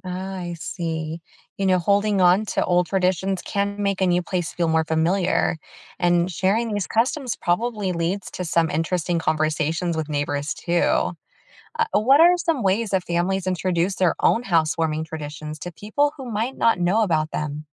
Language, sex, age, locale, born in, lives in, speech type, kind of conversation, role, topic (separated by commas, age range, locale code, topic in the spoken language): English, female, 35-39, en-US, United States, United States, read, expert-assistant, asker, What are some unique ways to celebrate a new home in different cultures?